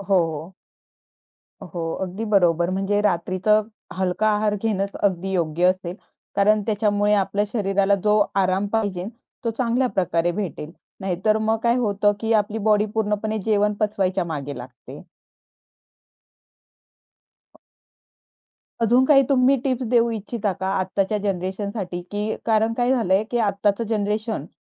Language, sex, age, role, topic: Marathi, female, 25-29, host, रात्रीची झोप सुधारण्यासाठी तुम्ही काय सल्ला द्याल?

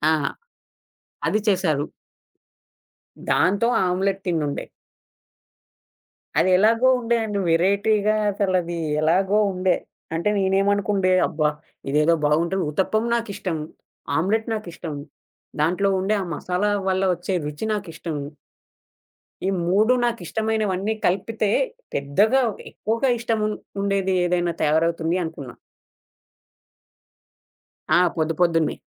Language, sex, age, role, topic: Telugu, male, 20-24, guest, ఏ మసాలా కలయిక నీకు తప్పనిసరిగా కావాలి అనిపిస్తుంది?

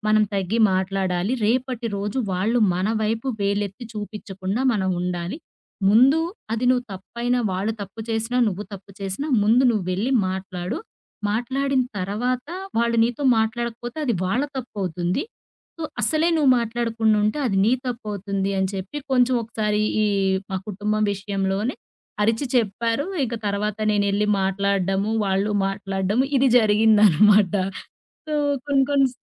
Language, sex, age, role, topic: Telugu, female, 30-34, guest, మీ తల్లిదండ్రులు మీకు నేర్పిన అత్యంత ముఖ్యమైన బోధ ఏది?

